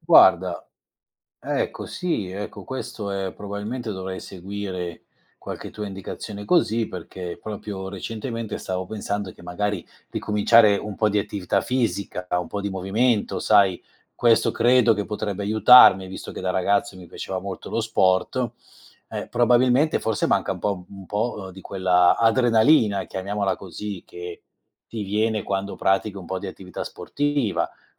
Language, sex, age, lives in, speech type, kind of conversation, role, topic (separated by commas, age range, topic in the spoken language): Italian, male, 50-54, Italy, conversation, advice, user, Come fai a procrastinare regolarmente su responsabilità importanti?